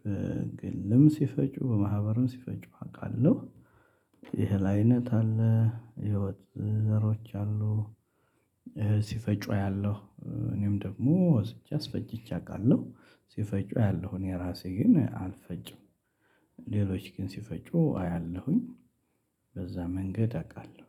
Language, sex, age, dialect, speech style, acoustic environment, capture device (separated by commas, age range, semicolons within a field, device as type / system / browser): Amharic, male, 35-44, Ethiopia - Addis Ababa, happy; excited, silence, mobile / Linux / Chrome